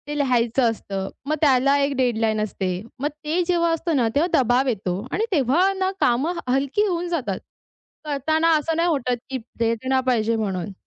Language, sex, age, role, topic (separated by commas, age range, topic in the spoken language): Marathi, female, 20-24, guest, प्रेरणा कमी पडली तर तुम्ही काय करता?